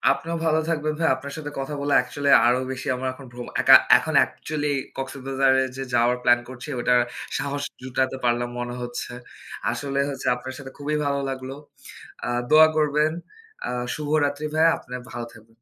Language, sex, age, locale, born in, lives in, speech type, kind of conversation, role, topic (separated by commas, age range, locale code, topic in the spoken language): Bengali, male, 25-29, bn-BD, Bangladesh, Bangladesh, conversation, unstructured, none, আপনি কি একা ভ্রমণের কথা ভাবলে ভয় পান?